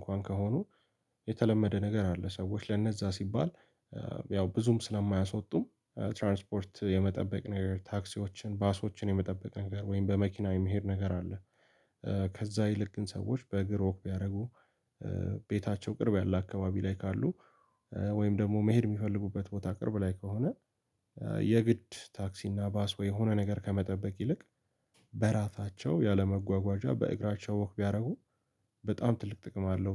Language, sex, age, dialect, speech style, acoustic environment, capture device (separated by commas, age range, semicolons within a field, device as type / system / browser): Amharic, male, 18-24, Ethiopia - Addis Ababa, happy; anxious; tired; relaxed, people talking; music; nature, mobile / Linux / Chrome